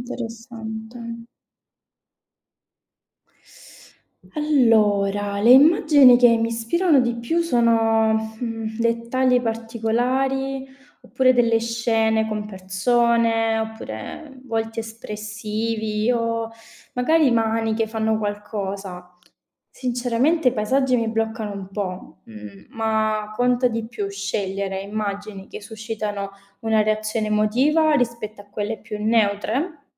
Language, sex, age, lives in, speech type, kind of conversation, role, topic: Italian, female, 25-29, Italy, read, expert-assistant, asker, Come si può superare il blocco dello scrittore quando si ha poco tempo libero per dedicarsi alla scrittura creativa?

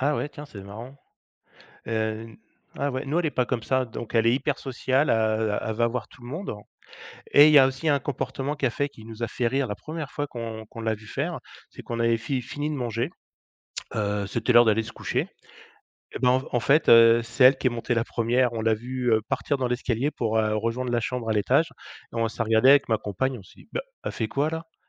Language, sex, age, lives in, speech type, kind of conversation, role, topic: French, male, 50-54, France, conversation, unstructured, none, Quelle est la chose la plus surprenante que ton animal ait déjà faite ?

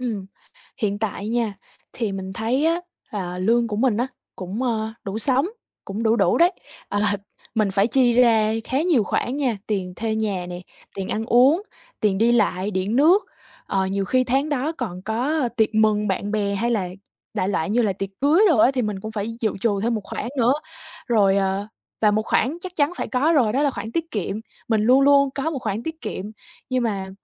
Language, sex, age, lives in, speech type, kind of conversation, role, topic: Vietnamese, female, 20-24, Vietnam, conversation, advice, user, Làm thế nào để tiết kiệm mà vẫn tự thưởng cho mình mà không cảm thấy keo kiệt?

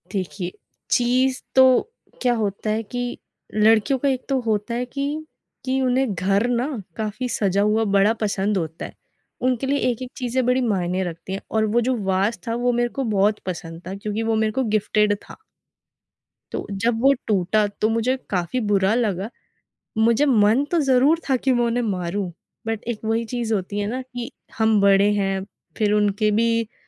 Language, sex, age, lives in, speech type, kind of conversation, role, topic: Hindi, female, 20-24, India, conversation, podcast, guest, Mehmaan aane par tumhari mehmaan-nawazi ka signature touch kya hota hai?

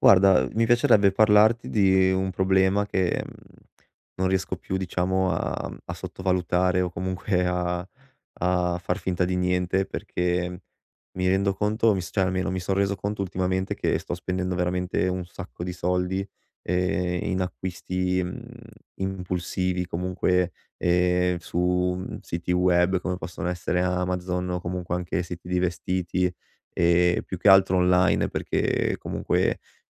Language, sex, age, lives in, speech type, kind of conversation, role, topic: Italian, male, 25-29, Italy, conversation, advice, user, Come posso evitare acquisti impulsivi e non sprecare soldi quando faccio shopping?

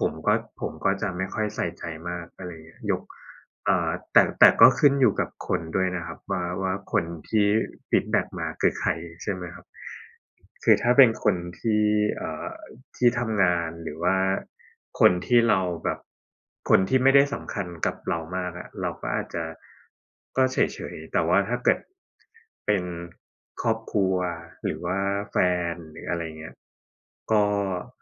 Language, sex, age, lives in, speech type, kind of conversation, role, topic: Thai, male, 30-34, Thailand, conversation, unstructured, none, ถ้ามีคนไม่ชอบตัวตนของคุณ คุณจะรับมืออย่างไร?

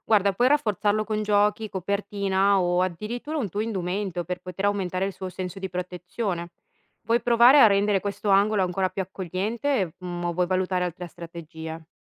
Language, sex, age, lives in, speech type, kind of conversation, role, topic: Italian, female, 30-34, Italy, read, expert-assistant, expert, Quali sono i modi più efficaci per aiutare un animale domestico a superare la paura quando resta solo in casa?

